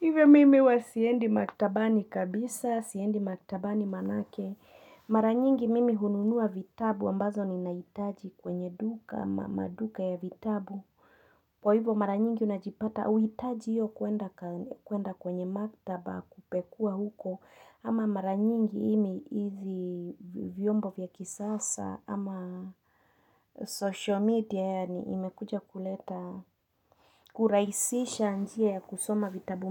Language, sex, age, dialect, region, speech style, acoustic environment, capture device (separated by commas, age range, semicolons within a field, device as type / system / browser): Swahili, female, 25-34, Kenya - Nairobi Sheng-influenced, Kenya, focused, nature, mobile / Linux / Chrome